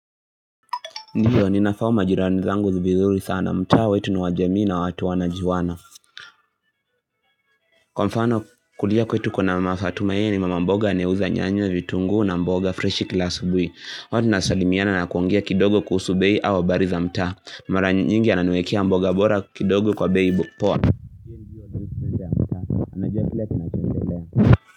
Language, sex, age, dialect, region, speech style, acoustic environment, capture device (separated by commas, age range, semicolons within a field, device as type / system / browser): Swahili, male, 18-24, Kenya - Nairobi Sheng-influenced, Kenya, happy, silence, mobile / Linux / Chrome